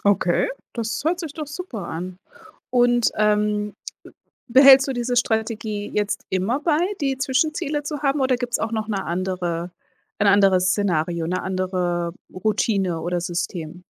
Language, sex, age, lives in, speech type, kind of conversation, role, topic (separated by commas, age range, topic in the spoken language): German, female, 40-44, United States, conversation, podcast, host, Wie setzt du dir Ziele, die dich wachsen lassen?